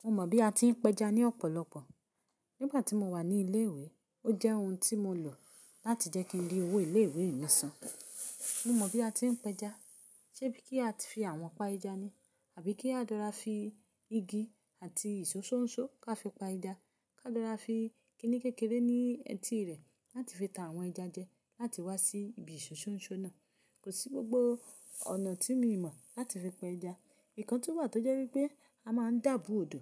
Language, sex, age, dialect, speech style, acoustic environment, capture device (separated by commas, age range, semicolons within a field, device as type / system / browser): Yoruba, female, 25-34, Nigeria - Ibadan, happy; relaxed; focused; excited, silence, mobile / Linux / Chrome